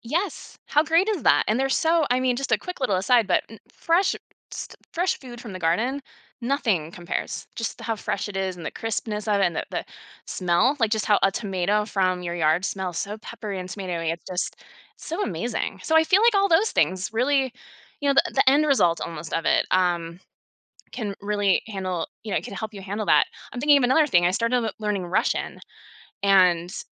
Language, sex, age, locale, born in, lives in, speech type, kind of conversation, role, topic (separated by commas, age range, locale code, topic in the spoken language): English, female, 40-44, en-US, United States, United States, conversation, podcast, guest, How do you stay motivated and keep enjoying a hobby when you face challenges or setbacks?